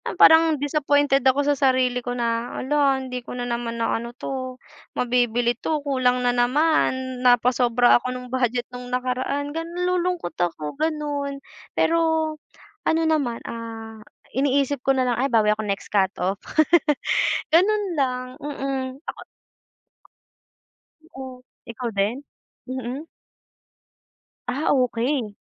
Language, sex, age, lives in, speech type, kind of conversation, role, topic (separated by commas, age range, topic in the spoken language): Filipino, female, 20-24, Philippines, conversation, unstructured, none, Normal lang bang makaramdam ng lungkot paminsan-minsan?